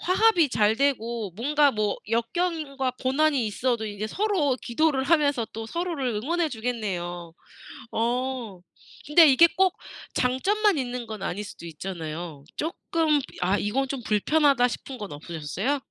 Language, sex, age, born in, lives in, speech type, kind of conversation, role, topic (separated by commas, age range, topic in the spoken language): Korean, female, 30-34, South Korea, United States, conversation, podcast, host, 첫사랑이나 오래 기억에 남는 연애 이야기가 있나요?